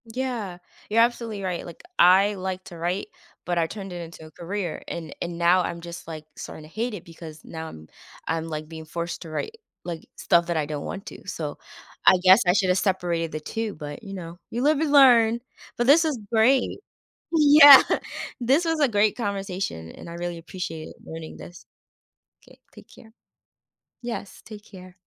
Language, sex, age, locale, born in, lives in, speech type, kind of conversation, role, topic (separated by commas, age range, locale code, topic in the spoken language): English, female, 30-34, en-US, United States, United States, conversation, podcast, host, What motivates people to try new hobbies and interests?